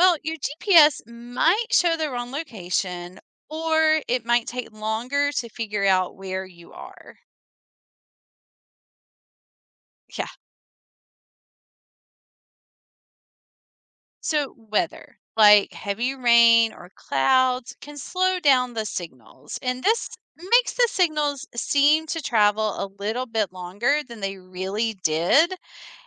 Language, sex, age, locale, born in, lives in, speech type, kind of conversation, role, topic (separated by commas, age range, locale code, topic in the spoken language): English, female, 45-49, en-US, United States, United States, read, expert-assistant, expert, How does a GPS work to help with navigation?